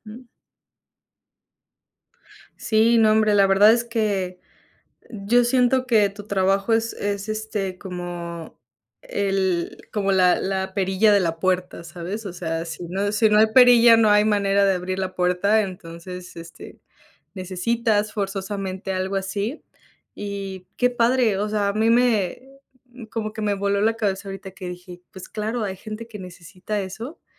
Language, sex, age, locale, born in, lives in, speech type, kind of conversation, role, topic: Spanish, female, 25-29, es-MX, Mexico, Mexico, conversation, unstructured, none, ¿En qué momento te sientes más feliz en tu trabajo?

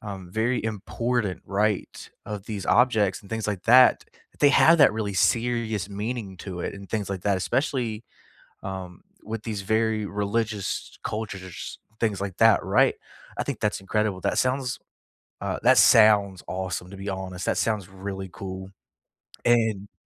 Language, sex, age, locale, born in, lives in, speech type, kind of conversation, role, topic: English, male, 25-29, en-US, United States, United States, conversation, unstructured, none, Which museums or quirky attractions exceeded your expectations?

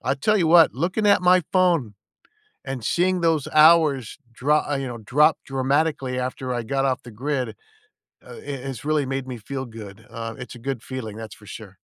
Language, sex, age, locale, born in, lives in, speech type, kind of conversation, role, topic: English, male, 60-64, en-US, United States, United States, conversation, unstructured, none, What would you change or stop doing to have more time and energy for what matters most?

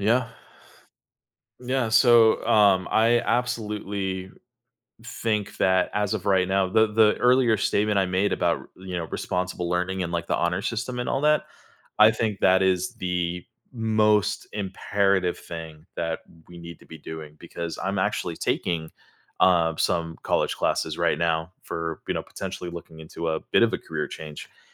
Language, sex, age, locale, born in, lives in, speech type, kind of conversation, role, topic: English, male, 30-34, en-US, United States, United States, conversation, podcast, guest, How is technology changing the way we learn and teach?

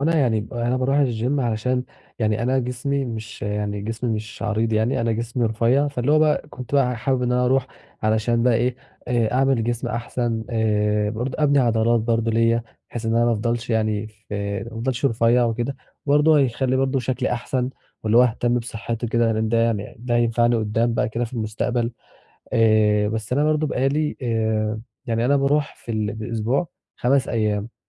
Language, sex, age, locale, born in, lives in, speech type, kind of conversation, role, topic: Arabic, male, 20-24, ar-EG, Egypt, Egypt, conversation, advice, user, ليه تقدّمي في التمرين وقف رغم إني بتدرّب بجد؟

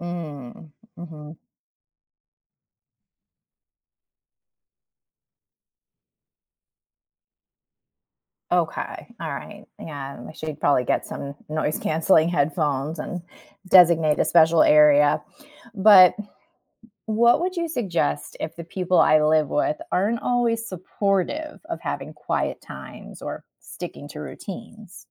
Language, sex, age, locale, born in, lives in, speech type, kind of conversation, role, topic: English, female, 40-44, en-US, United States, United States, read, expert-assistant, asker, What are some creative ways to solve everyday problems?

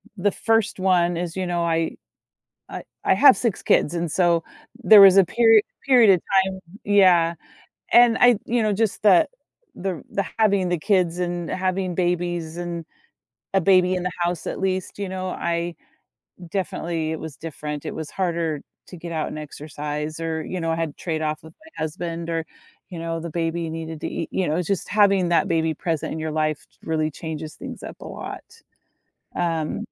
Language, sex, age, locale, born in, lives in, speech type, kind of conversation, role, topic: English, female, 50-54, en-US, United States, United States, conversation, podcast, guest, What habits or routines help you start your day on a positive note?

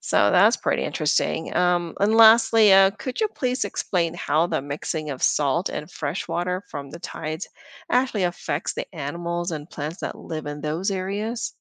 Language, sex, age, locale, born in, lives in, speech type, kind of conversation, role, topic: English, female, 50-54, en-US, Philippines, United States, read, expert-assistant, asker, What factors influence ocean tides around the world?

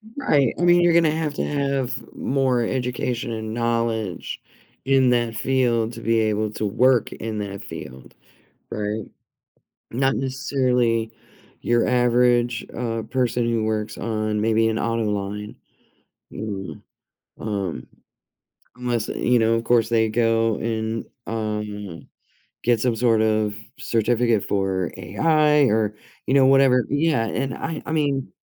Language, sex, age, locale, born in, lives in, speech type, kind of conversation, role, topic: English, female, 50-54, en-US, United States, United States, conversation, unstructured, none, How do you think artificial intelligence will change our daily lives in the future?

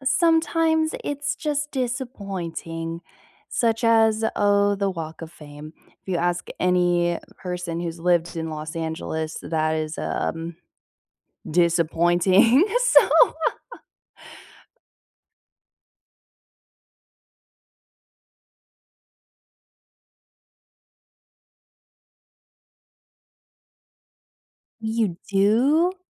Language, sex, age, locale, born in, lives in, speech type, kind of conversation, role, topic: English, female, 20-24, en-US, United States, United States, conversation, unstructured, none, How do you feel about paying high prices for popular travel destinations?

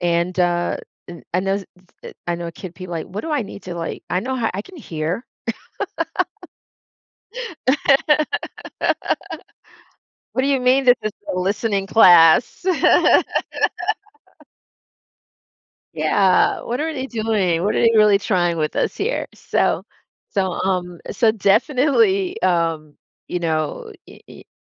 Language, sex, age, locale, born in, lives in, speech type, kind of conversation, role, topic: English, female, 55-59, en-US, United States, United States, conversation, podcast, host, What makes someone feel truly heard in a conversation?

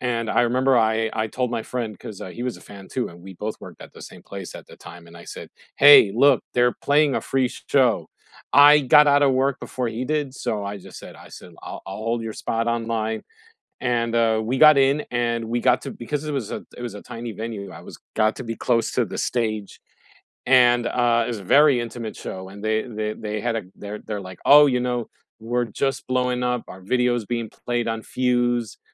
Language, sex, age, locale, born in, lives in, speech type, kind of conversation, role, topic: English, male, 45-49, en-US, United States, United States, conversation, unstructured, none, What is the most unforgettable live performance—concert or theater—you’ve ever experienced, and why did it stay with you?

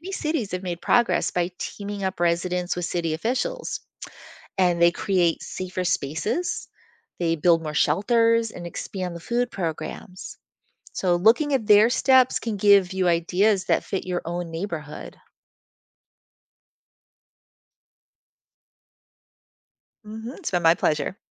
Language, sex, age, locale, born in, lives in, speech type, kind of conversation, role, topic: English, female, 50-54, en-US, United States, United States, read, expert-assistant, expert, How are laws created and enforced?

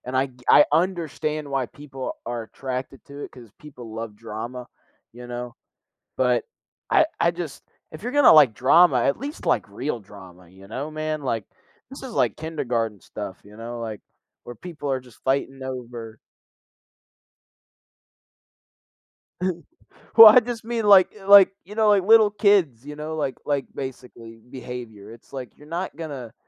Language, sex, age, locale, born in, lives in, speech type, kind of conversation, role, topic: English, male, 18-19, en-US, United States, United States, conversation, unstructured, none, Why do you think reality TV has become such a big part of our culture?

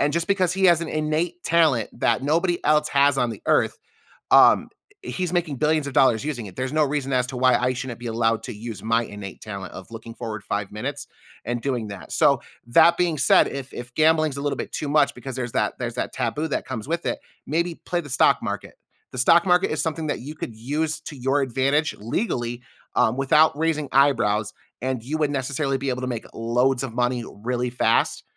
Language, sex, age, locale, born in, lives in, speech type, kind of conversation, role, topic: English, male, 35-39, en-US, United States, United States, conversation, unstructured, none, How might having a brief glimpse into the future change the way you make decisions?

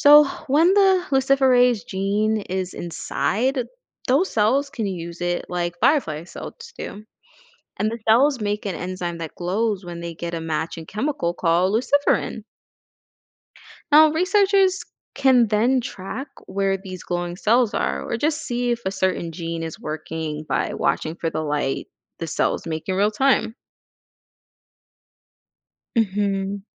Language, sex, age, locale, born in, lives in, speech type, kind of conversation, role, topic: English, female, 25-29, en-US, United States, United States, read, expert-assistant, expert, How do fireflies produce light in their bodies?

